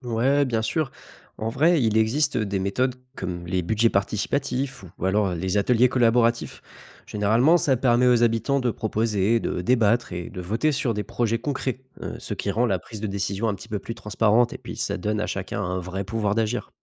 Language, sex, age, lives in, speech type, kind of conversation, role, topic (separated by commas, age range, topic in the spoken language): French, male, 25-29, France, read, expert-assistant, expert, Et si on imaginait ensemble un nouveau système de gouvernance pour une petite ville ? À quoi ça pourrait ressembler ?